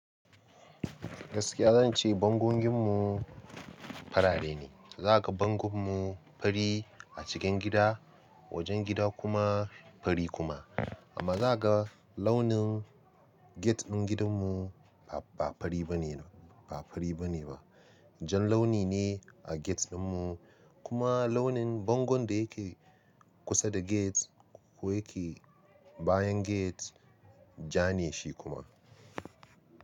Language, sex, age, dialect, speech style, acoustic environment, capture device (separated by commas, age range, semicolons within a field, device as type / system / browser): Hausa, male, 25-34, Nigeria - Nigerian Standard, relaxed, people talking, mobile / Linux / Chrome